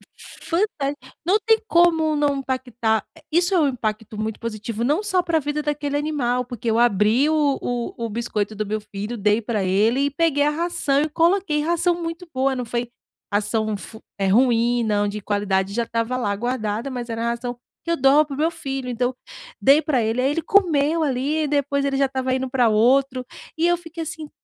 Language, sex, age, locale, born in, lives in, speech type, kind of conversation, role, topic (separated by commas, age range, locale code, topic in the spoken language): Portuguese, female, 35-39, pt-BR, Brazil, Portugal, conversation, advice, user, Como posso alinhar a minha vida para ter mais impacto positivo?